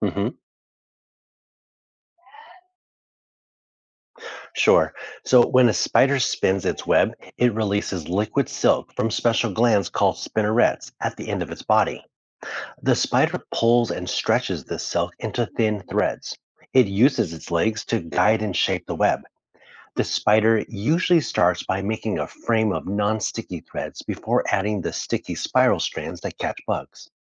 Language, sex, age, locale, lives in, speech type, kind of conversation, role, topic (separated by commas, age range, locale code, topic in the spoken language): English, male, 35-39, en-US, United States, read, expert-assistant, expert, How does a spider spin its web?